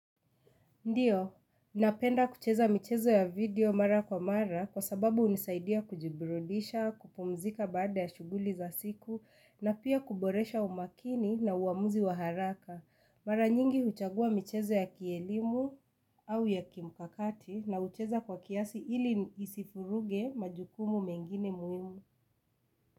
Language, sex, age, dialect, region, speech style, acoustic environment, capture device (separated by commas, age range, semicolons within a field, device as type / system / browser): Swahili, female, 25-34, Kenya - Nairobi Sheng-influenced, Kenya, excited; relaxed, silence, mobile / Linux / Chrome